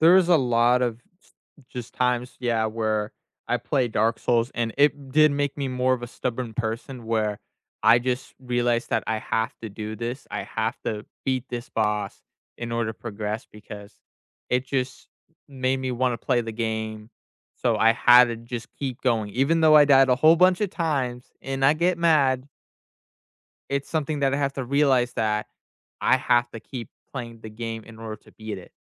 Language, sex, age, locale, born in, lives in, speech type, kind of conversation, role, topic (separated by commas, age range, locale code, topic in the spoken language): English, male, 20-24, en-US, United States, United States, conversation, unstructured, none, What hobby surprised you by how much it taught you?